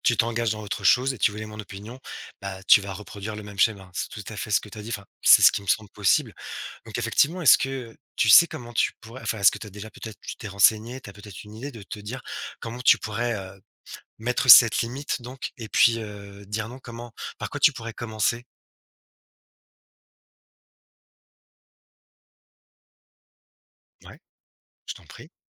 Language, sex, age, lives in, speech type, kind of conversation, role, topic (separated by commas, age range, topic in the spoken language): French, male, 35-39, France, conversation, advice, advisor, Comment puis-je apprendre à poser des limites quand j’accepte toujours plus de travail ?